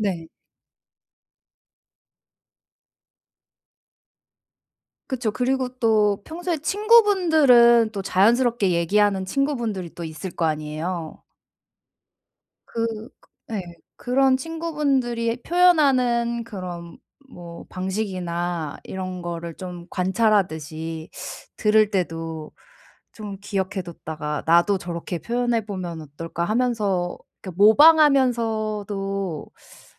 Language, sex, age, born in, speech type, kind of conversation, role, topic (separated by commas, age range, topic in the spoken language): Korean, female, 30-34, South Korea, conversation, advice, advisor, 솔직한 감정은 갈등 없이 어떻게 표현할 수 있나요?